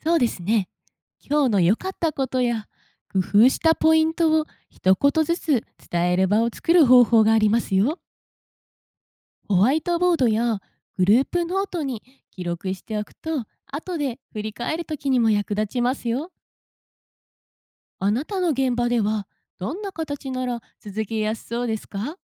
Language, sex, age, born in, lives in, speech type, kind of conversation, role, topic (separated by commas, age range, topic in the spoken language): Japanese, female, 25-29, Japan, Japan, read, expert-assistant, expert, チームの成長のために失敗や成功をどう共有すればいいですか？